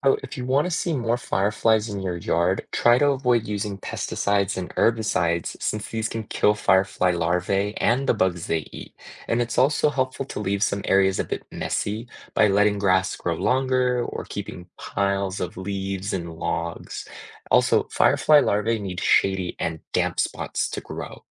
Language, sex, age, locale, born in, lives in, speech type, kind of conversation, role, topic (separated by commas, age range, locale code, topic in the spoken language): English, male, 25-29, en-US, United States, United States, read, expert-assistant, expert, How do fireflies produce light?